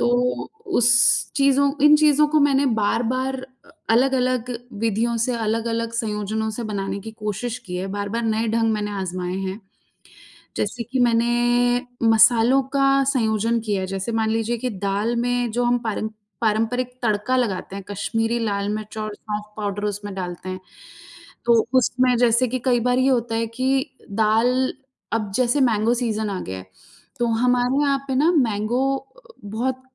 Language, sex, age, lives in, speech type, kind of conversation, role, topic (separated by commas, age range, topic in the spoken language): Hindi, female, 35-39, India, conversation, unstructured, none, क्या आपने कभी किसी खास रेसिपी के साथ प्रयोग किया है?